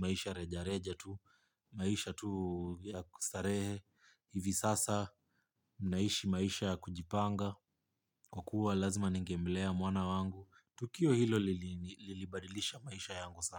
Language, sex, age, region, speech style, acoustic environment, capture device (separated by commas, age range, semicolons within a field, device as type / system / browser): Swahili, male, 25-34, Kenya, relaxed, nature, mobile / Linux / Chrome